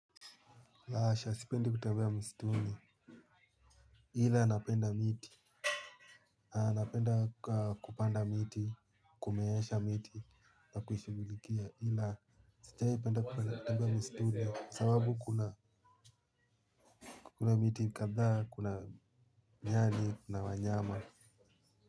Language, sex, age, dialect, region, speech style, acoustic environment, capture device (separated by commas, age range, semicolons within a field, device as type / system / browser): Swahili, male, 18-24, Kenya - Nairobi Sheng-influenced, Kenya, happy; excited, people talking, mobile / Linux / Chrome